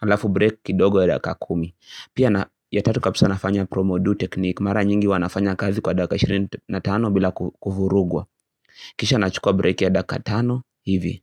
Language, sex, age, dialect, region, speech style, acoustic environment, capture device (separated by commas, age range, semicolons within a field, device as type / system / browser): Swahili, male, 18-24, Kenya - Nairobi Sheng-influenced, Kenya, happy; excited, silence, mobile / Linux / Chrome